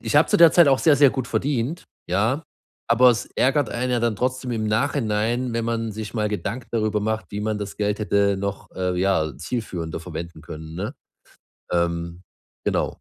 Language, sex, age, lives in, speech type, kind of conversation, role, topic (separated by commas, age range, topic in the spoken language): German, male, 30-34, Germany, conversation, advice, user, Wie kann ich weniger Impulskäufe tätigen und Geld sparen?